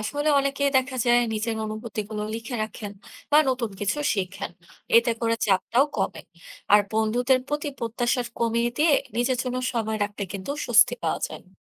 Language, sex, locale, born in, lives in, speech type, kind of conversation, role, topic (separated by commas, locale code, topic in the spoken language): Bengali, female, bn-BD, Bangladesh, Bangladesh, read, expert-assistant, expert, বন্ধুদের সঙ্গে নিয়মিত সময় কাটানোর জন্য কীভাবে পরিকল্পনা করতে পারি?